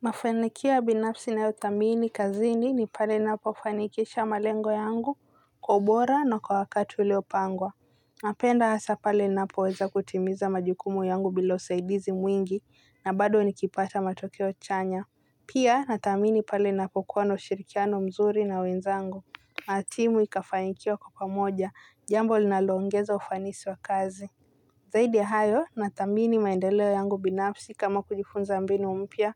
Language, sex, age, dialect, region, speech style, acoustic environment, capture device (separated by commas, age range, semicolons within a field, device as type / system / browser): Swahili, female, 18-24, Kenya - Mombasa, Kenya, relaxed, silence, mobile / Linux / Chrome